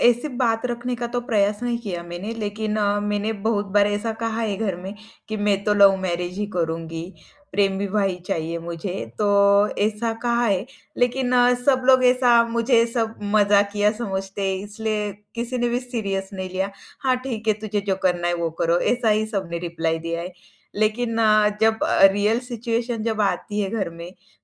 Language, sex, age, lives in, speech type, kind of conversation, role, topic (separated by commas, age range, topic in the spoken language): Hindi, female, 25-29, India, conversation, advice, user, क्या आपको कठिन बात कहने या माफ़ी माँगने में डर लगता है?